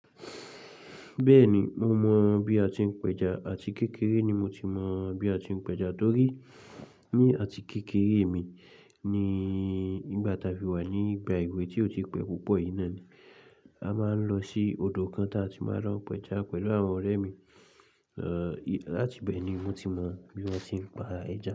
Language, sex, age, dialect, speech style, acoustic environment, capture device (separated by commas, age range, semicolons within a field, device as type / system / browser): Yoruba, male, 25-34, Nigeria - Ibadan, relaxed, silence; nature, mobile / Linux / Chrome